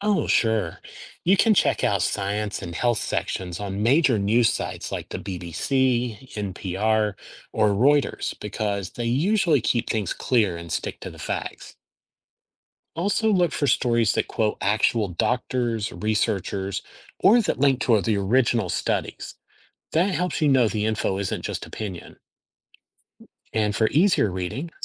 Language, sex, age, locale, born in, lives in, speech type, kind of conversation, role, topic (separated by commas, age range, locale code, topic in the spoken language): English, male, 50-54, en-US, United States, United States, read, expert-assistant, expert, How does reading news help people stay informed?